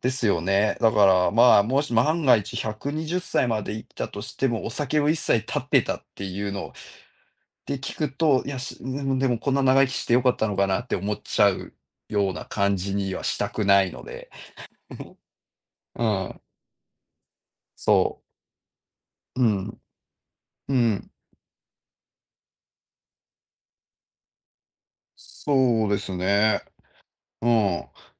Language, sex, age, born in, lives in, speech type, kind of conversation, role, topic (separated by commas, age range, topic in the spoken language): Japanese, male, 40-44, Japan, Japan, conversation, unstructured, none, 失敗から学んだことは何ですか？